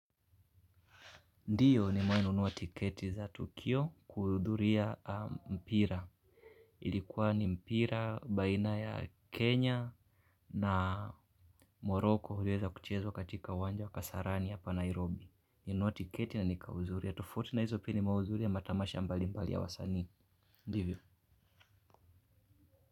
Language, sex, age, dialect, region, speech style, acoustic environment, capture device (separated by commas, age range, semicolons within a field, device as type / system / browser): Swahili, male, 25-34, Kenya - Mombasa, Kenya, relaxed; focused, silence, mobile / Linux / Chrome